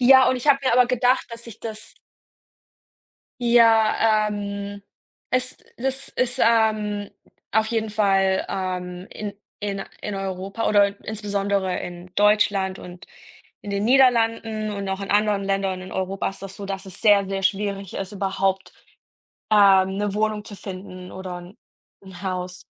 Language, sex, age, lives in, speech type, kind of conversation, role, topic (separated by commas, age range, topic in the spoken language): German, female, 30-34, Germany, conversation, unstructured, none, Was bevorzugst du: Stadtleben oder Landleben?